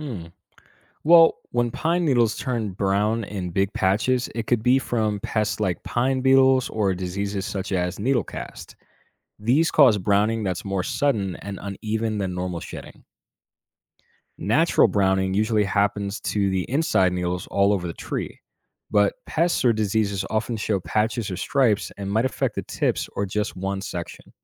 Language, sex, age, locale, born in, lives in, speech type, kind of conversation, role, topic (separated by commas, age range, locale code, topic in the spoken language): English, male, 25-29, en-US, United States, United States, read, expert-assistant, expert, What causes leaves to change color and fall, and how do different trees respond to autumn?